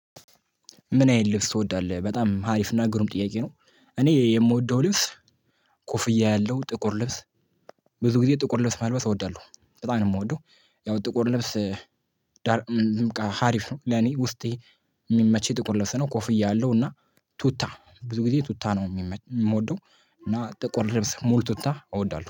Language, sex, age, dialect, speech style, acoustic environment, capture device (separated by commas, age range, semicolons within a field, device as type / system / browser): Amharic, male, 18-24, Ethiopia - Addis Ababa, excited, silence, mobile / Linux / Chrome